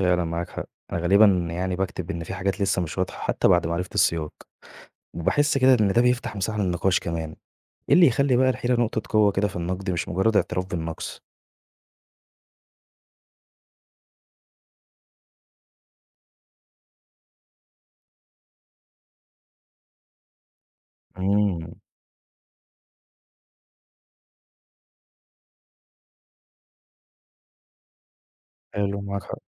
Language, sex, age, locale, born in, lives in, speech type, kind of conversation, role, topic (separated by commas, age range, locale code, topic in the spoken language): Arabic, male, 20-24, ar-EG, Egypt, Egypt, read, expert-assistant, asker, إزاي أقدر أنظم أفكاري لما أجي أكتب نقد فني عن لوحة أو عمل فني معقد؟